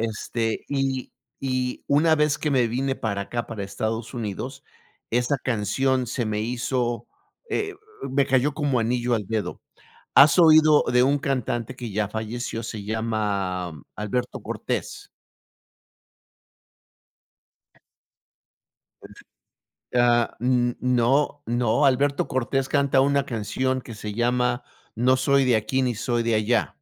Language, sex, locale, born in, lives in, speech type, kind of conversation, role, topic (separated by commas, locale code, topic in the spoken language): Spanish, male, es-MX, Mexico, United States, conversation, unstructured, none, ¿Cuál es tu cantante favorito o tu banda favorita, y qué es lo que más te gusta de esa persona o de esa banda?